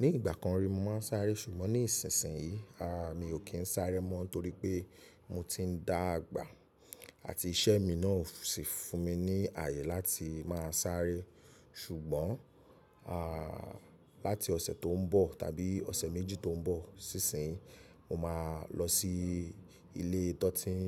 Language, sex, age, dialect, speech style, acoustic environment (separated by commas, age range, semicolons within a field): Yoruba, male, 25-34, Nigeria - Nigerian Standard, happy; excited; focused; relaxed, people talking; music; nature; silence; appliances